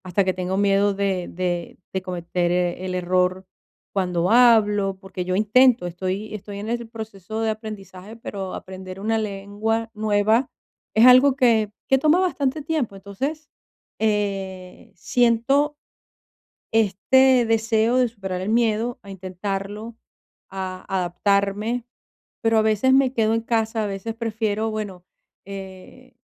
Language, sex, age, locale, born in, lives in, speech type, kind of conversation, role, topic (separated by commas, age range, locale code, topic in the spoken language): Spanish, female, 50-54, es-VE, Venezuela, Portugal, conversation, advice, user, ¿Cómo puedo superar el miedo a intentar cosas nuevas?